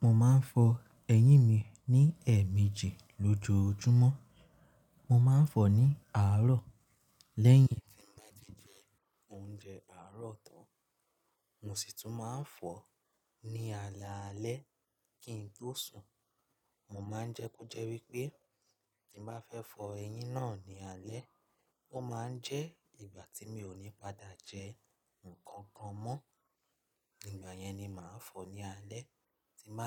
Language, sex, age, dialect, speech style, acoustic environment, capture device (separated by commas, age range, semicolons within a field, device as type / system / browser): Yoruba, male, 25-34, Nigeria - Nigerian Standard, relaxed; nervous; focused, appliances; silence, mobile / Linux / Chrome